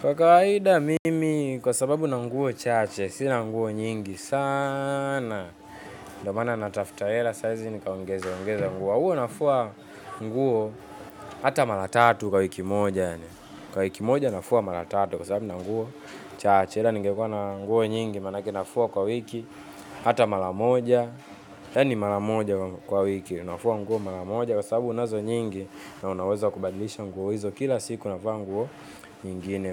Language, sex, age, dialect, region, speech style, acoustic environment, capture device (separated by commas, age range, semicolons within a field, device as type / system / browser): Swahili, male, 18-24, Tanzania - Dar es Salaam, Tanzania, anxious; relaxed; focused, appliances; people talking, mobile / Linux / Chrome